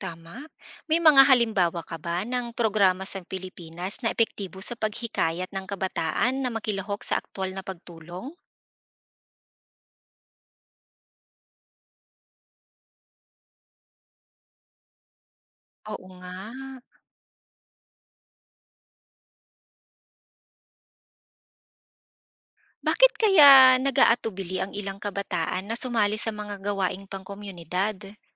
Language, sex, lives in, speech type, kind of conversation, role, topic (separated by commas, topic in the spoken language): Filipino, female, Philippines, read, expert-assistant, asker, Totoo bang si Rizal ay isinulat ang Noli Me Tangere at El Filibusterismo nang mag-isa, o may mga tumulong sa kanya sa pagsulat ng mga ito?